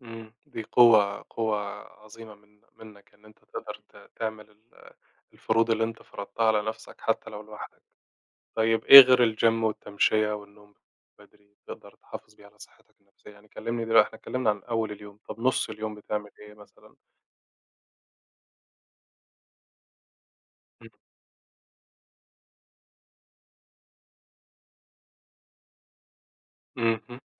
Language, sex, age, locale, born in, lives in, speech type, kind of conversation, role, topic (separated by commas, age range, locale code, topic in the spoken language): Arabic, male, 25-29, ar-EG, Egypt, Egypt, conversation, podcast, host, إزاي بتعتني بصحتك النفسية كل يوم؟